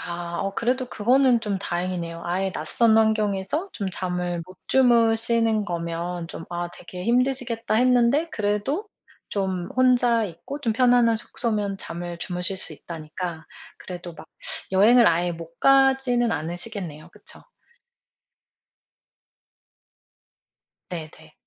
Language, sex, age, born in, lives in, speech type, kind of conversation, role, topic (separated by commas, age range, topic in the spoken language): Korean, female, 40-44, South Korea, United States, conversation, podcast, host, 수면 환경을 더 편안하게 만들기 위해 평소에 어떤 습관을 실천하시나요?